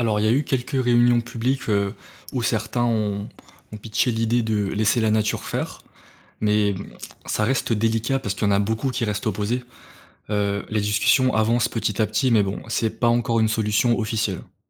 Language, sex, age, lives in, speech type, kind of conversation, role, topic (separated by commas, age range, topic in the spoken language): French, male, 20-24, France, read, expert-assistant, expert, Quelles solutions peut-on envisager pour limiter l’érosion du littoral en France, face à la montée du niveau de la mer ?